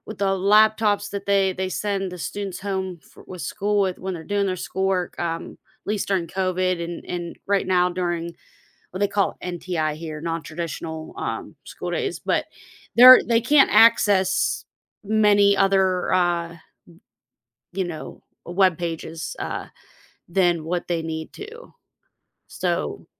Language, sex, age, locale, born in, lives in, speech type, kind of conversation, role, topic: English, female, 35-39, en-US, United States, United States, conversation, podcast, host, In what ways has technology reshaped the way we learn and teach?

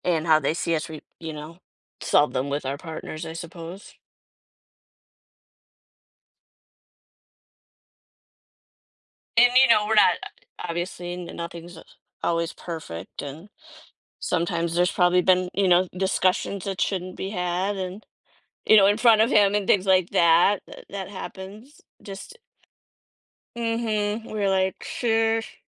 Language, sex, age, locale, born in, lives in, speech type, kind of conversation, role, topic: English, female, 50-54, en-US, United States, United States, conversation, podcast, guest, How can seeing things from another person’s point of view help us resolve disagreements?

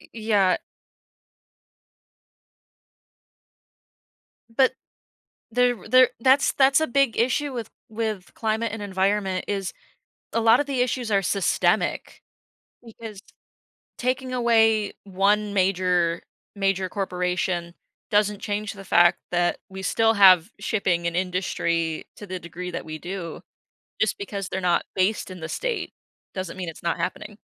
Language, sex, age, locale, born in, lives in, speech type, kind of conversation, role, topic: English, female, 25-29, en-US, United States, United States, conversation, podcast, guest, How can everyday habits make a real difference for the environment?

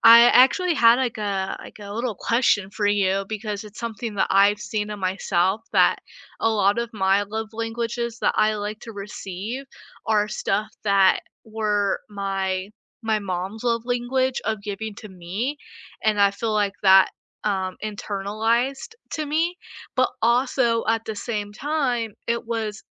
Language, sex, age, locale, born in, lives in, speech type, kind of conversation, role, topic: English, female, 20-24, en-US, United States, United States, conversation, unstructured, none, How do love languages actually work for you?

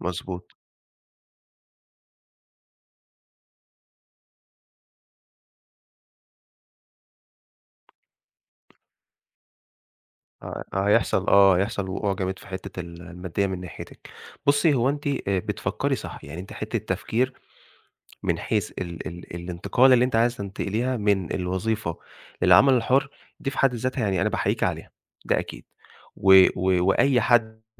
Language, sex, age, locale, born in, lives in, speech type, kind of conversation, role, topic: Arabic, male, 25-29, ar-EG, Egypt, Egypt, conversation, advice, advisor, إزاي أختار بين إني أكمّل في شغلانة مستقرة ولا أبدأ شغل حر؟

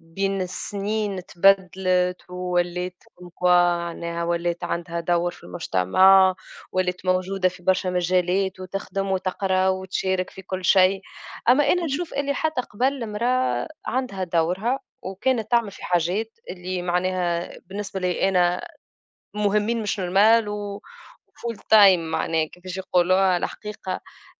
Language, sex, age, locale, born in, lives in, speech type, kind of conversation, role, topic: Arabic, female, 25-29, ar-TN, Tunisia, Germany, conversation, unstructured, none, إيه رأيك في دور الست في المجتمع دلوقتي؟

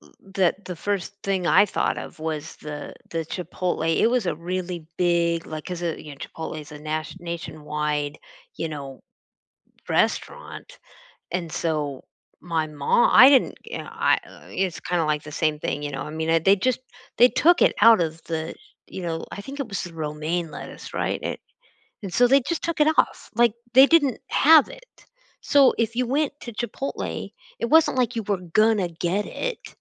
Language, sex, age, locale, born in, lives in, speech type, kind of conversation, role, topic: English, female, 55-59, en-US, United States, United States, conversation, unstructured, none, Have you ever been scared by a food recall?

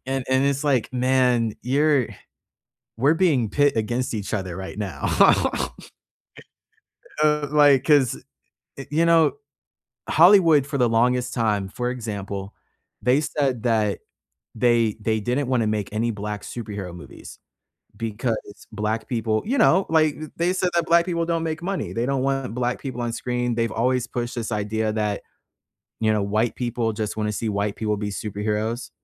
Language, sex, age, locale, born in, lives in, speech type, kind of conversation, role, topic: English, male, 25-29, en-US, United States, United States, conversation, unstructured, none, What bothers you about the lack of diversity in entertainment?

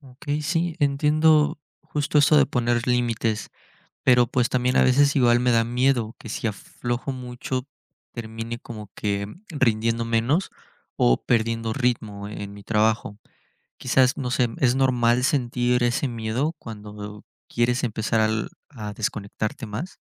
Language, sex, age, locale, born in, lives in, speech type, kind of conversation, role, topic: Spanish, male, 20-24, es-MX, Mexico, Mexico, read, expert-assistant, asker, ¿Cómo equilibrar la productividad y el bienestar personal en el trabajo?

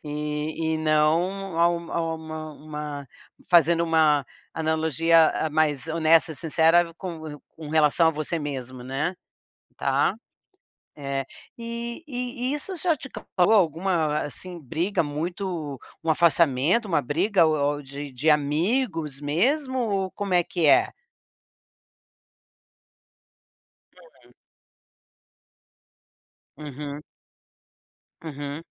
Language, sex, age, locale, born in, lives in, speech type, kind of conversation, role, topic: Portuguese, female, 60-64, pt-BR, Brazil, United States, conversation, advice, advisor, Como posso expressar minha opinião honesta sem perder amizades online?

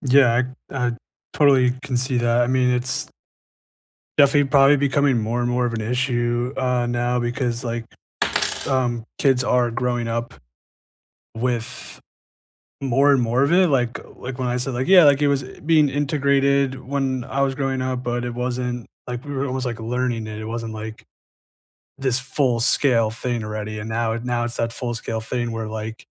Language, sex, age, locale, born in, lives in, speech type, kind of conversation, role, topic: English, male, 25-29, en-US, United States, United States, conversation, unstructured, none, How has technology changed your daily work, and which changes help or hinder you?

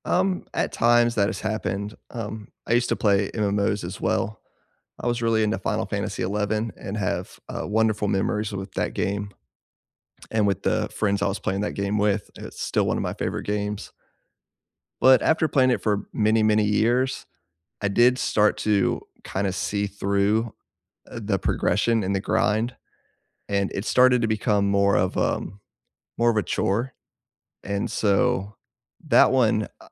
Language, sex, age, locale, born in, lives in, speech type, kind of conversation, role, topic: English, male, 35-39, en-US, United States, United States, conversation, unstructured, none, What small joys brighten your week?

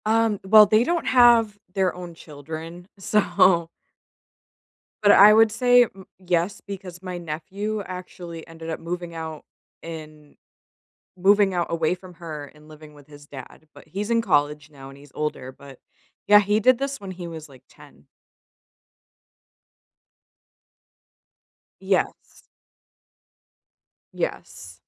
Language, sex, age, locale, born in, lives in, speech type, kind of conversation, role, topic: English, female, 30-34, en-US, United States, United States, conversation, unstructured, none, When is it okay to cut ties with toxic family members?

